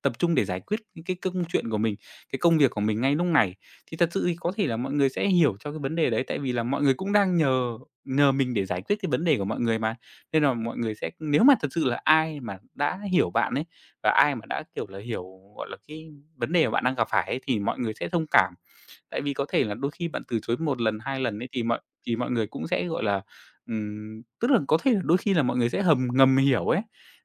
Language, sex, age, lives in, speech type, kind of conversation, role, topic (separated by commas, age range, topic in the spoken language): Vietnamese, male, 25-29, Vietnam, conversation, advice, advisor, Làm thế nào để tôi học cách từ chối người khác nhằm bảo vệ thời gian tập trung của mình?